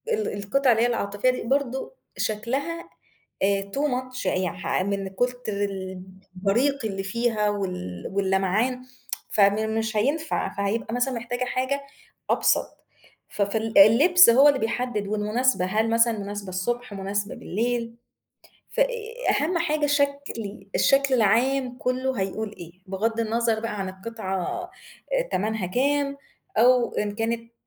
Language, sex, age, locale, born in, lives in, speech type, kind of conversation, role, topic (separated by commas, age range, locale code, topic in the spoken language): Arabic, female, 35-39, ar-EG, Egypt, Egypt, conversation, podcast, guest, إيه دور المجوهرات العائلية في ستايلك؟